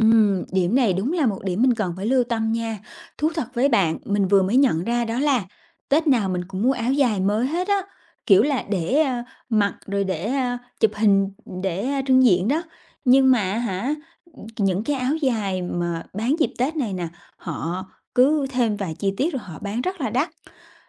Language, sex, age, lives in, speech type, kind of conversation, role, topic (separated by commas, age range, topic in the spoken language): Vietnamese, female, 30-34, Vietnam, conversation, advice, user, Làm sao để mua sắm tiết kiệm ngân sách mà vẫn vui vẻ?